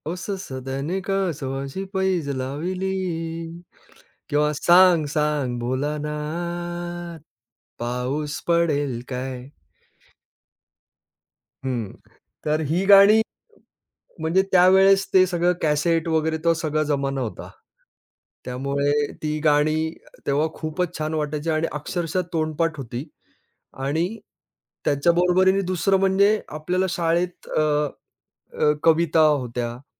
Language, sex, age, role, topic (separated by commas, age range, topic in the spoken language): Marathi, male, 45-49, guest, तुमच्या गाण्यांच्या आवडीनिवडीत काळानुसार काय बदल झाला?